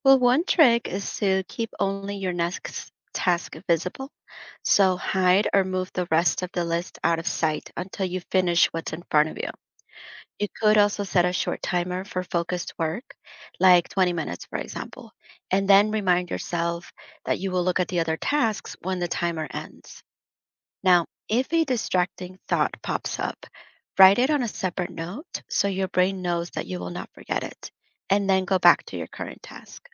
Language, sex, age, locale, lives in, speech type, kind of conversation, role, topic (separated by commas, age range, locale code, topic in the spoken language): English, female, 30-34, en-US, United States, read, expert-assistant, expert, How can you set priorities when you have many tasks to complete?